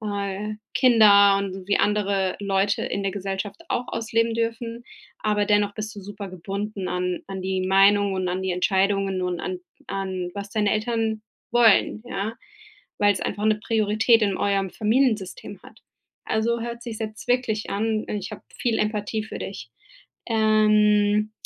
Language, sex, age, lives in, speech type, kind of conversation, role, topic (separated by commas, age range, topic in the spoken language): German, female, 35-39, United States, conversation, advice, advisor, Wie treffe ich Entscheidungen, die mit meinen persönlichen Werten im Einklang sind?